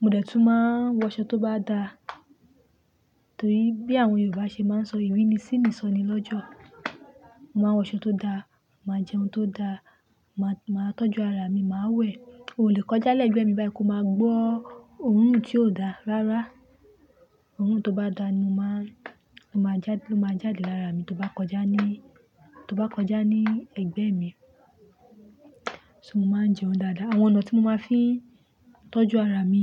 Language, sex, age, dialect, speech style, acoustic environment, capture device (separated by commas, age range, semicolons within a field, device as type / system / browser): Yoruba, female, 25-34, Nigeria - Lagos Yoruba, happy, nature, mobile / Linux / Chrome